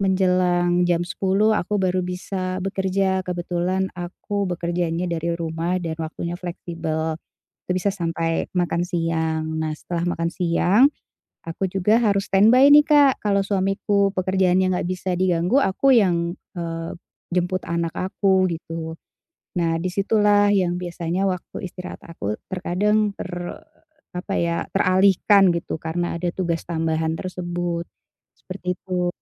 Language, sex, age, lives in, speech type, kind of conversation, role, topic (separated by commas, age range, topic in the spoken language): Indonesian, female, 40-44, Indonesia, conversation, advice, user, Bagaimana cara menyeimbangkan waktu antara pekerjaan dan hobi?